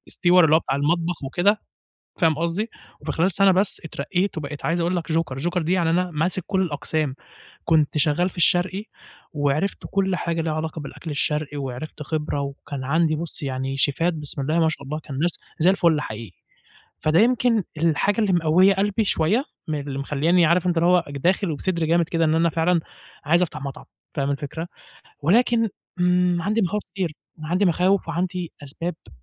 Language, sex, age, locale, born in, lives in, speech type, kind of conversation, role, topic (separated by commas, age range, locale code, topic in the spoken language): Arabic, male, 20-24, ar-EG, Egypt, Egypt, conversation, advice, user, إزاي أبدأ مشروع جديد مع إني حاسس بخوف وبأجل كتير؟